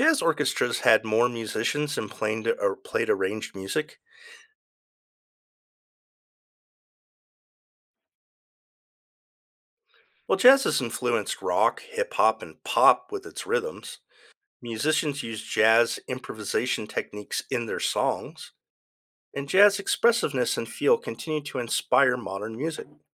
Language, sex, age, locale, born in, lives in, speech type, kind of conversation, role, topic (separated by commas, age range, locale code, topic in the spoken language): English, male, 50-54, en-US, United States, United States, read, expert-assistant, expert, What are the historical roots of jazz music?